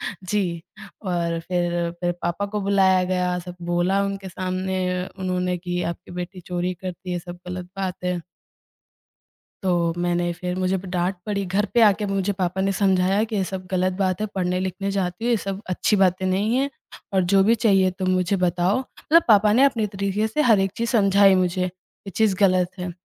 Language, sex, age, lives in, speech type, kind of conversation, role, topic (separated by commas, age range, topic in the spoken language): Hindi, female, 20-24, India, conversation, podcast, guest, Bachpan mein doston ke saath tumhari sabse pasandida activity kya thi?